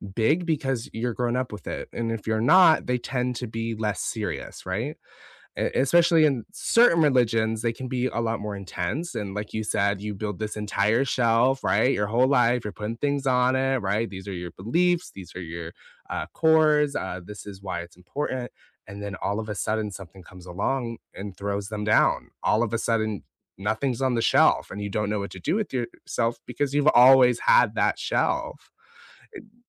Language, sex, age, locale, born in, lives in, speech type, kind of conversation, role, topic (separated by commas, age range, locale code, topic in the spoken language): English, female, 20-24, en-US, United States, United States, conversation, unstructured, none, How have your beliefs, doubts, and search for meaning shaped who you are?